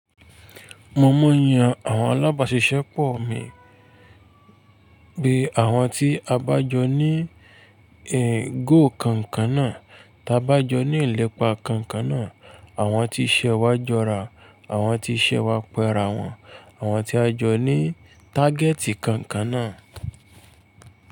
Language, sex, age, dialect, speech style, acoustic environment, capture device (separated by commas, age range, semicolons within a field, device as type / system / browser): Yoruba, male, 25-34, Nigeria - Ibadan, tired, silence, mobile / Linux / Chrome